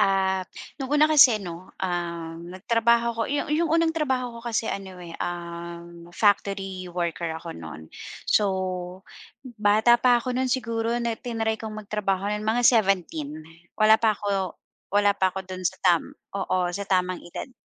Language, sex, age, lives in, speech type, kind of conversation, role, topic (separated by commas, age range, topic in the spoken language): Filipino, female, 40-44, Philippines, conversation, podcast, guest, Ano ang nagpaparamdam sa’yo na ito na ang trabaho mo?